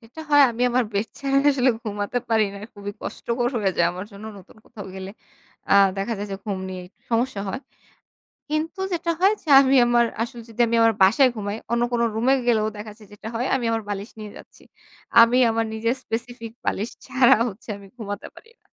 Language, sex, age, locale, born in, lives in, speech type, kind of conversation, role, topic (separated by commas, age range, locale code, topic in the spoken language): Bengali, female, 25-29, bn-BD, Bangladesh, Bangladesh, conversation, podcast, guest, রাতটা আরামদায়ক করতে তুমি কোন রুটিন মেনে চল?